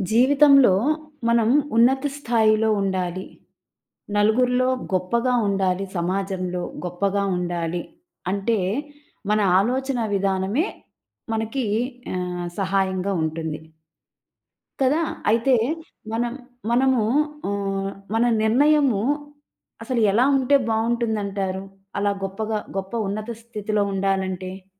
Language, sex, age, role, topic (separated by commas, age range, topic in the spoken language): Telugu, female, 40-44, host, నిర్ణయం తీసుకునేటప్పుడు మీ అంతర్యాన్ని మీరు ఎంతవరకు నమ్ముతారు?